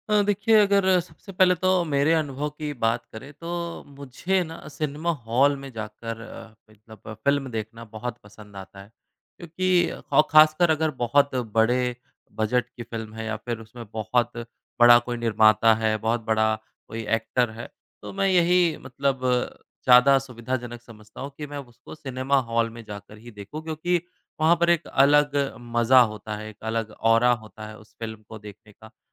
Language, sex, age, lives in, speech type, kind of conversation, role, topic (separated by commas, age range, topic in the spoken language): Hindi, male, 30-34, India, conversation, podcast, guest, सिनेमा हॉल और ओटीटी में से लोग किसे अधिक पसंद करते हैं और क्यों?